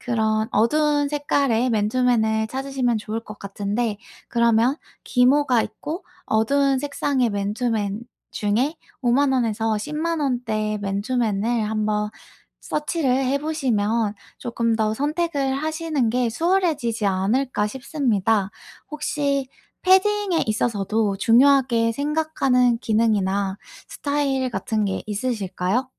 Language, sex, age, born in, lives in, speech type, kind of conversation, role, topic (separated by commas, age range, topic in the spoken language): Korean, female, 25-29, South Korea, United States, conversation, advice, advisor, 쇼핑할 때 선택지가 너무 많아서 결정을 못 할 때는 어떻게 해야 하나요?